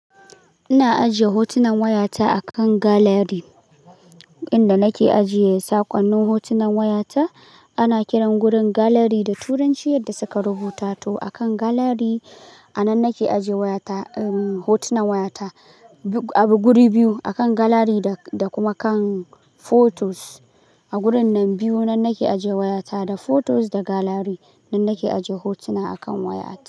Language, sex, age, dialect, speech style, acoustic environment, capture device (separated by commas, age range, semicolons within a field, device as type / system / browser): Hausa, male, 18-24, Nigeria - Kano, relaxed; focused, nature, mobile / Linux / Chrome